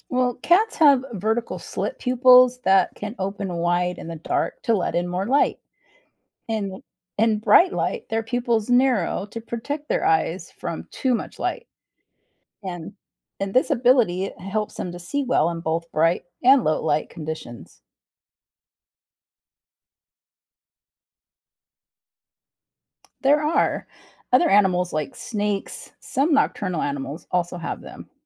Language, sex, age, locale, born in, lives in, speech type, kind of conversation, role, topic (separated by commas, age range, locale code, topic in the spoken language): English, female, 45-49, en-US, United States, United States, read, expert-assistant, expert, How do cats see in the dark?